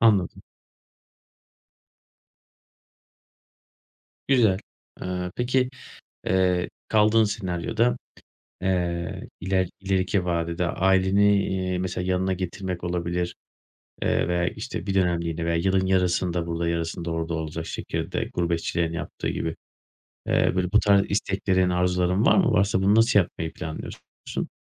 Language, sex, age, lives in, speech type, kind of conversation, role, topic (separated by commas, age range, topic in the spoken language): Turkish, male, 30-34, Bulgaria, conversation, podcast, host, Kariyer değişikliğini aileye nasıl açıklarsın?